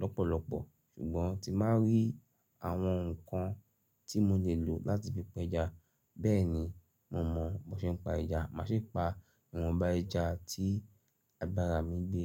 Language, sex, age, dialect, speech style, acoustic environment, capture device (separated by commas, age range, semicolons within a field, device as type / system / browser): Yoruba, male, 35-44, Nigeria - Lagos Yoruba, happy, silence, mobile / Linux / Chrome